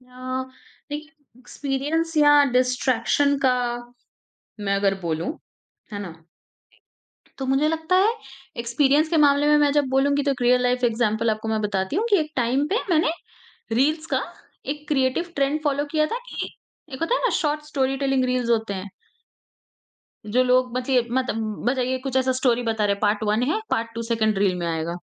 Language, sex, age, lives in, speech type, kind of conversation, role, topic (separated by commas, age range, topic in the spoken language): Hindi, female, 40-44, India, conversation, podcast, guest, Kya social media creativity mein madad karta hai ya distraction ban jaata hai?